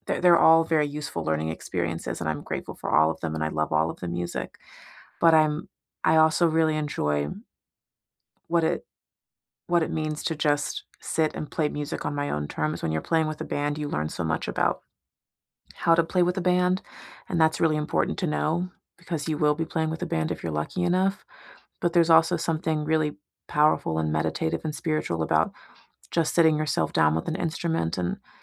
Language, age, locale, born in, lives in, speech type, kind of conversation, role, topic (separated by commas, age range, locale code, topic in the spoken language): English, 30-34, en-US, United States, United States, conversation, unstructured, none, How have you brought a childhood hobby back into your life, and what has it given you?